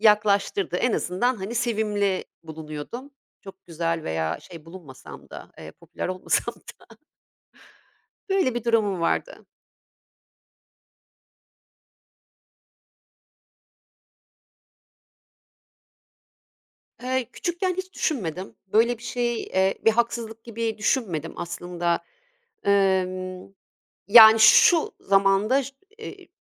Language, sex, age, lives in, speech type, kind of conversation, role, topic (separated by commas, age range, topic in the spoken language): Turkish, female, 50-54, Italy, conversation, advice, user, Ailen içinde ayrımcılık ve kayırılma duygusunu nasıl yaşıyorsun?